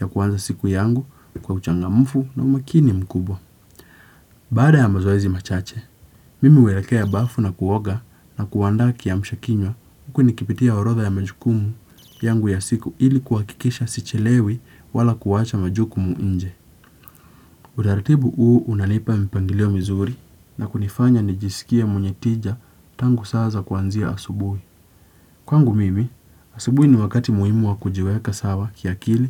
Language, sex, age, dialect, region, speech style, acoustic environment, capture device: Swahili, male, 18-24, Kenya - Nairobi Sheng-influenced, Kenya, relaxed; focused, nature; silence; appliances, desktop / Windows / Chrome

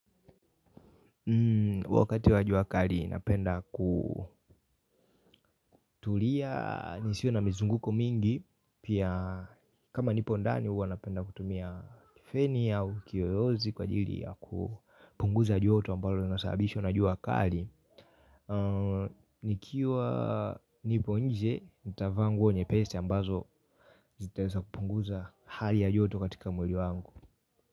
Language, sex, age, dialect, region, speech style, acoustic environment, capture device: Swahili, male, 18-24, Tanzania - Dar es Salaam, Tanzania, happy; relaxed, people talking; silence, mobile / Linux / Chrome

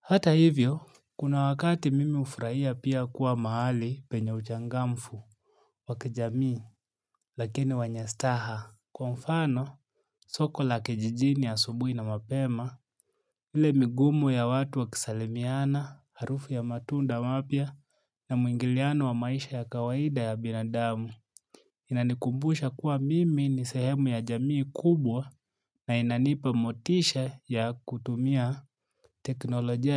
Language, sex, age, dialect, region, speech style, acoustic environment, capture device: Swahili, male, 25-34, Kenya - Nairobi Sheng-influenced, Kenya, relaxed, silence, mobile / Linux / Chrome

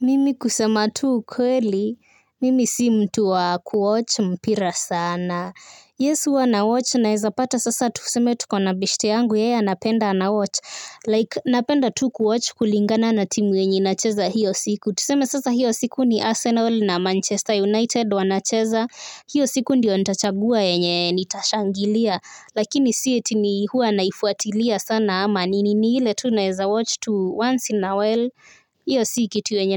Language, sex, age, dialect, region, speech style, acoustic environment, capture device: Swahili, female, 18-24, Kenya - Nairobi Sheng-influenced, Kenya, happy, nature, mobile / Linux / Chrome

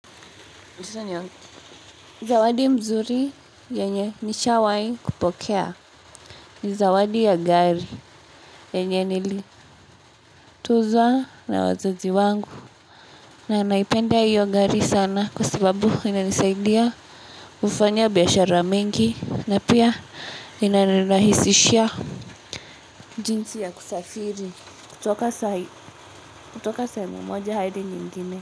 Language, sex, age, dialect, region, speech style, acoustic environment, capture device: Swahili, male, 18-24, Kenya - Nairobi Sheng-influenced, Kenya, nervous, silence, mobile / Linux / Chrome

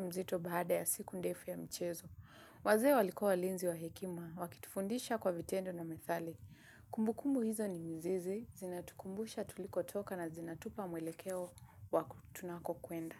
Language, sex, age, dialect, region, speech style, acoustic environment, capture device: Swahili, female, 25-34, Kenya - Mombasa, Kenya, relaxed, nature; appliances, mobile / Linux / Chrome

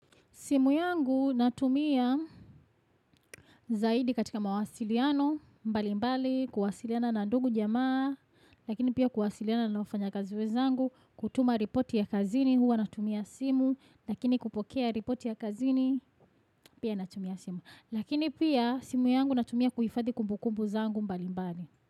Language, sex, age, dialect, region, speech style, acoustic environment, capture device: Swahili, female, 25-34, Tanzania - Dar es Salaam, Tanzania, happy, people talking, desktop / Windows / Chrome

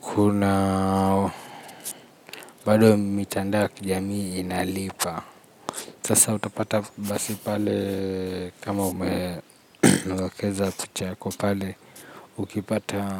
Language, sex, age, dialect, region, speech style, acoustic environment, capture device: Swahili, male, 25-34, Kenya - Nairobi Sheng-influenced, Kenya, happy; anxious, nature, mobile / Linux / Chrome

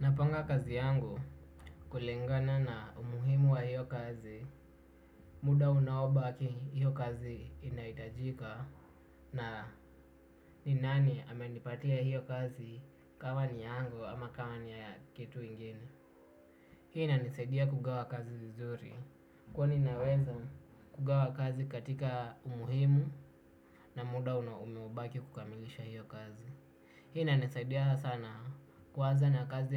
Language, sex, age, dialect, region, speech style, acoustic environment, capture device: Swahili, male, 18-24, Kenya - Nairobi Sheng-influenced, Kenya, relaxed, silence, desktop / Windows / Chrome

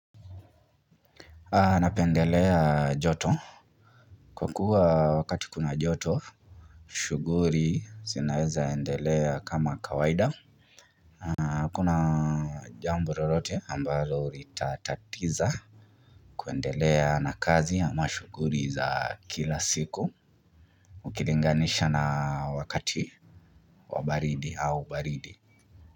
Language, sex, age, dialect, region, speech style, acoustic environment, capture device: Swahili, male, 25-34, Kenya - Nairobi Sheng-influenced, Kenya, relaxed, appliances, mobile / Linux / Chrome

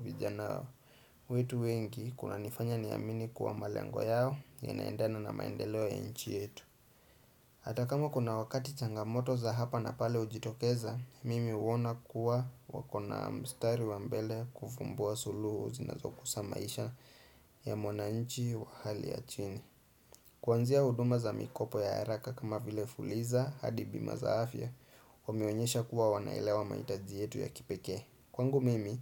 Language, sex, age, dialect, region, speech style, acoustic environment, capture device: Swahili, male, 25-34, Kenya - Nairobi Sheng-influenced, Kenya, relaxed; focused, silence, desktop / Windows / Chrome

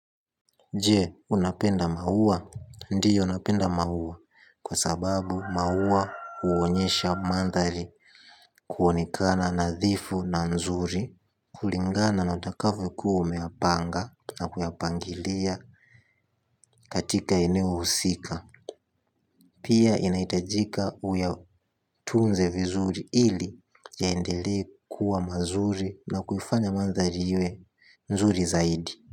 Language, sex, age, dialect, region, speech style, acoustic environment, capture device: Swahili, male, 18-24, Tanzania - Dar es Salaam, Tanzania, happy, nature, mobile / Linux / Chrome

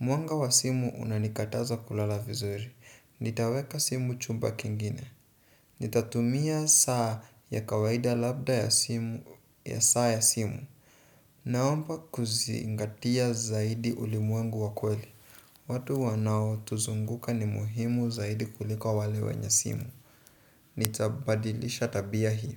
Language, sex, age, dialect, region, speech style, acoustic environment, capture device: Swahili, male, 18-24, Kenya - Nairobi Sheng-influenced, Kenya, relaxed; focused, silence, desktop / Windows / Chrome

